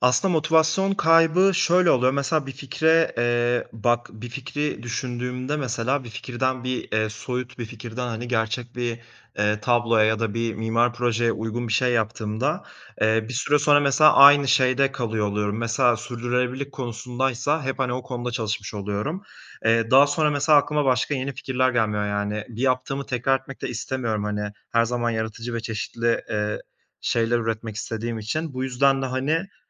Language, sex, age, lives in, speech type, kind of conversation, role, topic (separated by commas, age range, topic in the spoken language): Turkish, male, 25-29, Italy, conversation, podcast, guest, Yaratıcı tıkanıklığını aşmak için neler yaparsın, hangi ipuçlarını önerirsin?